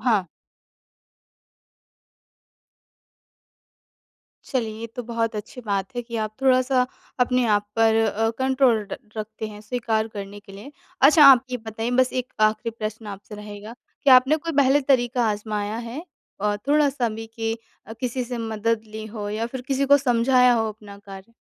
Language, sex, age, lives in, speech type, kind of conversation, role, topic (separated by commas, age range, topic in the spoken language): Hindi, female, 25-29, India, conversation, advice, advisor, सामाजिक दबाव और अस्वीकृति से कैसे निपटें?